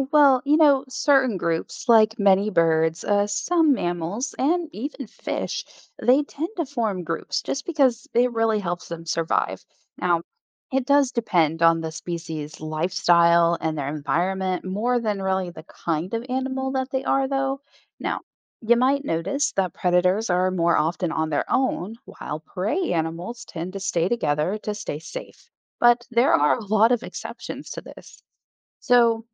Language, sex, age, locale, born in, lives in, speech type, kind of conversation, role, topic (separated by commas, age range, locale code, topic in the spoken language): English, female, 35-39, en-US, Germany, United States, read, expert-assistant, expert, Why do some animals live in groups or alone?